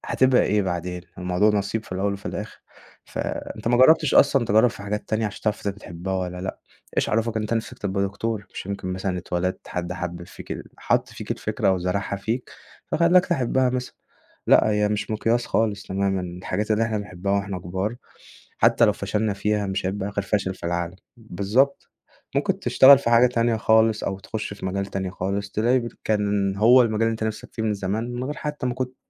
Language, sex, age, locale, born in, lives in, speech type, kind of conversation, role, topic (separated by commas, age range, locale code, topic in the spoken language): Arabic, male, 20-24, ar-EG, Egypt, Egypt, conversation, podcast, guest, إيه أهم درس اتعلّمته من الفشل؟